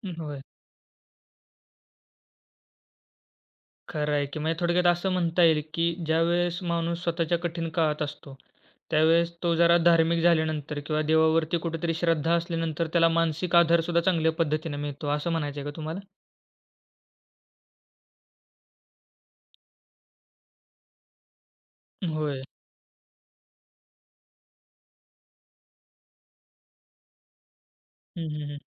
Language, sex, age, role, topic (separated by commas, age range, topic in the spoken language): Marathi, male, 20-24, host, जीवनातल्या कठीण काळातून तुम्ही कसे बाहेर आलात?